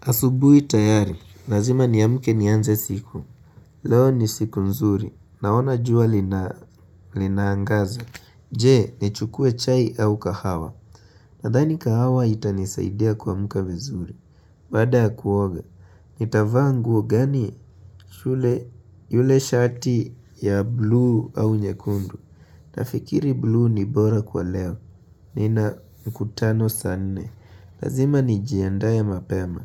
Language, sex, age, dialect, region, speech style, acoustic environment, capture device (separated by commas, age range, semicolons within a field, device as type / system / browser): Swahili, male, 18-24, Kenya - Nairobi Sheng-influenced, Kenya, focused, silence, mobile / Linux / Chrome